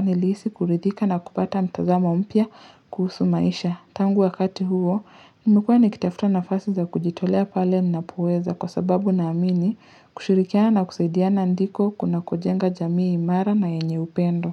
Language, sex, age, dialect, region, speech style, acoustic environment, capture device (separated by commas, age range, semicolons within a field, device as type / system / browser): Swahili, male, 25-34, Kenya - Nairobi Sheng-influenced, Kenya, relaxed, nature, mobile / Linux / Chrome